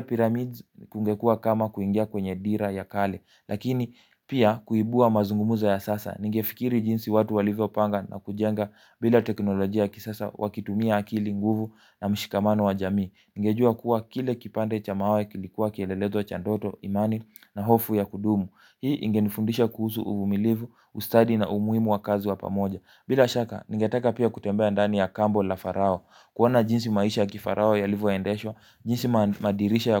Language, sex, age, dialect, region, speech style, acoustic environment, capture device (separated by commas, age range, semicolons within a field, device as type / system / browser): Swahili, male, 18-24, Kenya - Mombasa, Kenya, focused, nature, mobile / Linux / Chrome